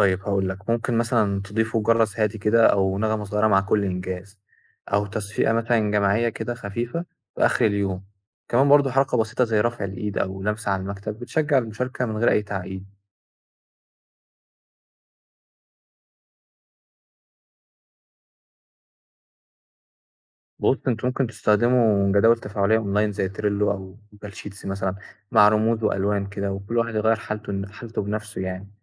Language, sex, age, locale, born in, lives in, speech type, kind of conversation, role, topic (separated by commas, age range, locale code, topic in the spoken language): Arabic, male, 20-24, ar-EG, Egypt, Egypt, read, expert-assistant, expert, لو هنخترع طريقة جديدة تساعدنا نفتكر الحاجات المهمة، ممكن نعمل إيه غير الكشكول والقلم؟